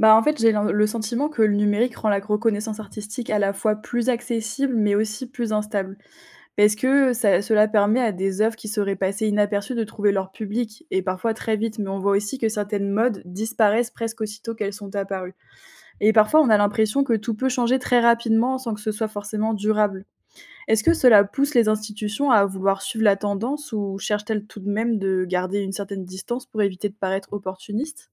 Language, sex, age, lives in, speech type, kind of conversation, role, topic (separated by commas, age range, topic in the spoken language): French, female, 20-24, France, read, expert-assistant, asker, L’opinion du public peut-elle rivaliser avec celle des experts dans la reconnaissance artistique ?